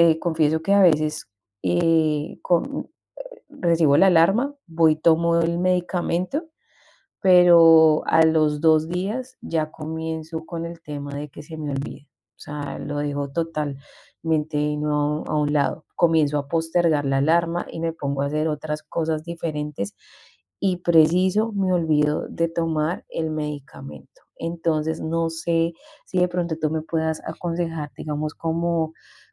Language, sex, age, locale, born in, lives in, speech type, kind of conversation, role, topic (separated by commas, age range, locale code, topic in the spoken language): Spanish, female, 40-44, es-CO, Colombia, Spain, conversation, advice, user, ¿Cómo puedo acordarme de tomar mi medicación o mis suplementos todos los días?